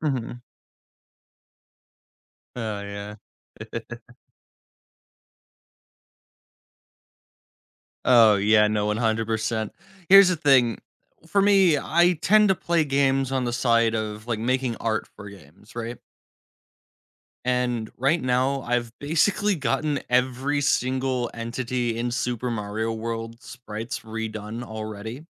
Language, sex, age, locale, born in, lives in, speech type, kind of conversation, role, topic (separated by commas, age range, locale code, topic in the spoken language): English, male, 20-24, en-US, United States, United States, conversation, podcast, guest, Why is it important to set small goals when working toward bigger ambitions?